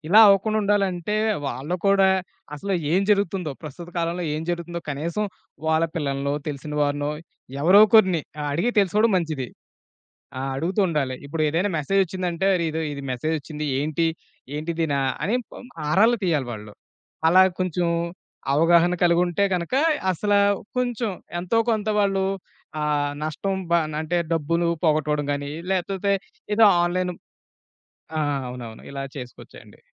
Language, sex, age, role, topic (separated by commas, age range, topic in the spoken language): Telugu, male, 30-34, guest, మీకు డిజిటల్ చెల్లింపులు వాడిన అనుభవం ఎలా ఉంది?